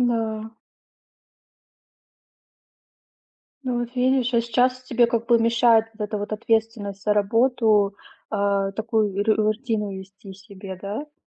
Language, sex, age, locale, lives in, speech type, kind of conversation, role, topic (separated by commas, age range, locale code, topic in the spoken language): Russian, female, 30-34, ru-RU, Germany, conversation, advice, advisor, Как рабочая нагрузка разрушает твои ежедневные перерывы и заботу о себе?